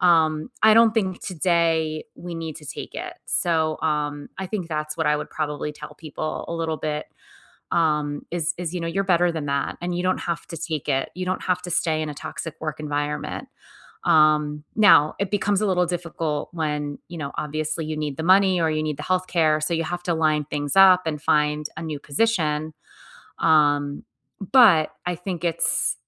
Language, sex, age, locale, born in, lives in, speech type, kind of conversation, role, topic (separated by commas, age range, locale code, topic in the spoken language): English, female, 45-49, en-US, United States, United States, conversation, unstructured, none, Have you ever been stuck in a toxic work environment?